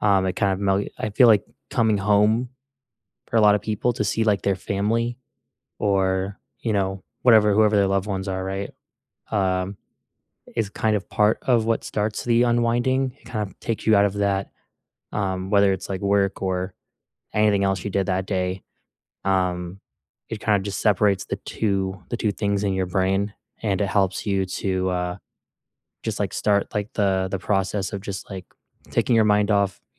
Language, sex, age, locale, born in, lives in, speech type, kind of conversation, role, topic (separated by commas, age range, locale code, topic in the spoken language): English, male, 20-24, en-US, United States, United States, conversation, unstructured, none, What’s your go-to way to unwind after a long day, and why does it help you recharge?